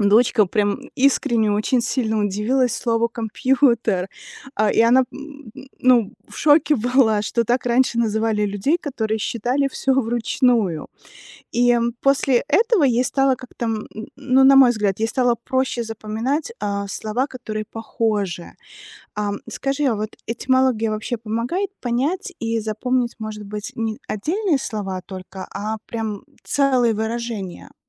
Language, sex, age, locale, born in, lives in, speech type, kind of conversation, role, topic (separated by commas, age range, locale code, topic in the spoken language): Russian, female, 40-44, ru-RU, Belarus, United States, read, expert-assistant, asker, Как детям интересно изучать этимологию слов?